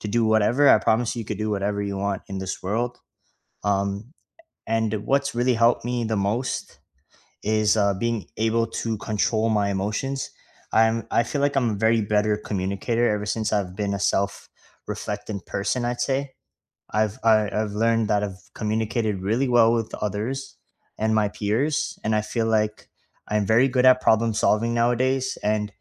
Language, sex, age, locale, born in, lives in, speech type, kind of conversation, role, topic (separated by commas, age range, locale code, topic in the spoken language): English, male, 20-24, en-CA, Canada, Canada, conversation, unstructured, none, Which tiny daily routine has noticeably improved your day, and what’s the story behind it?